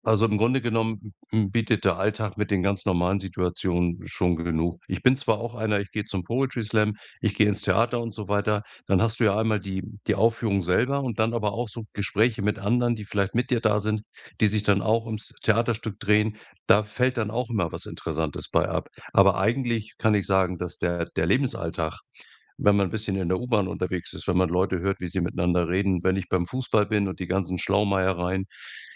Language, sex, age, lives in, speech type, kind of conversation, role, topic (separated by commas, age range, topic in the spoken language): German, male, 65-69, Germany, conversation, podcast, guest, Was ist dein Lieblingsort, an dem du besonders kreativ bist?